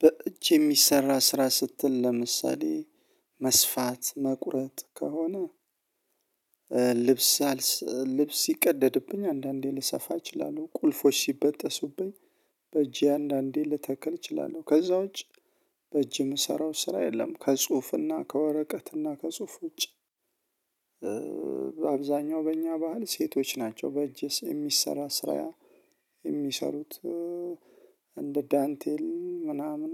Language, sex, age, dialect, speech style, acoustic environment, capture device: Amharic, male, 35-44, Ethiopia - Addis Ababa, focused, silence, mobile / Linux / Chrome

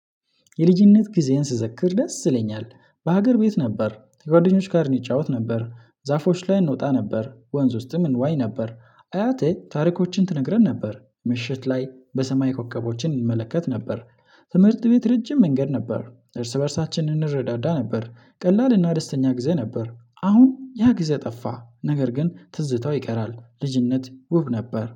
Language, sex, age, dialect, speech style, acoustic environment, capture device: Amharic, male, 25-34, Ethiopia - Addis Ababa, relaxed; focused, silence, mobile / Linux / Chrome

